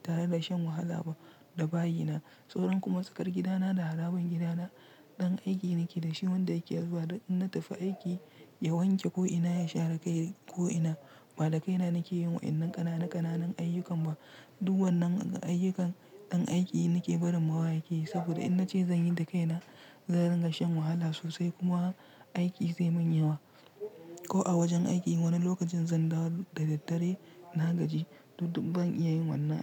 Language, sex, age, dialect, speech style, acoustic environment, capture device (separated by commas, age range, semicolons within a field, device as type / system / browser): Hausa, male, 25-34, Nigeria - Nigerian Standard, relaxed; tired; focused, silence; nature, mobile / Linux / Chrome